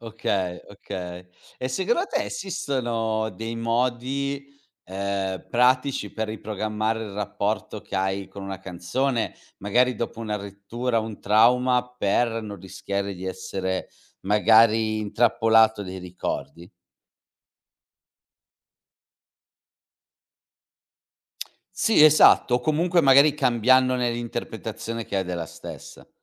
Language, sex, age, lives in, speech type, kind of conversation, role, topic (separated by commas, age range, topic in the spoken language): Italian, male, 40-44, Italy, conversation, podcast, host, I ricordi legati a una canzone cambiano il tuo modo di sentirla?